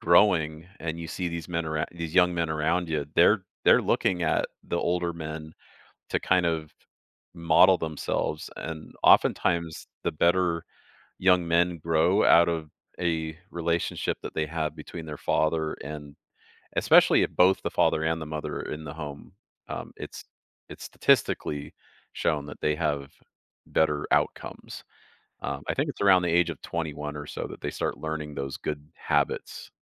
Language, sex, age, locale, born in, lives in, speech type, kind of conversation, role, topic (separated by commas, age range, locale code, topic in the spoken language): English, male, 45-49, en-US, Canada, United States, conversation, advice, advisor, How should I express appreciation to my partner?